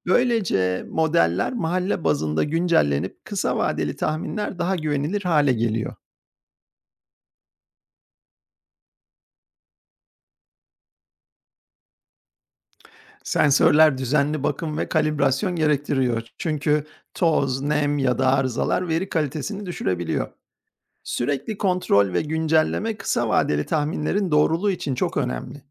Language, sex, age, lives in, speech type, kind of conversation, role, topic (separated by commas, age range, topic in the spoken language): Turkish, male, 50-54, United States, read, expert-assistant, expert, Dışarı çıkmadan önce hava durumu tahminlerine bakarken hangi uygulama ya da siteler gerçekten doğru bilgi veriyor?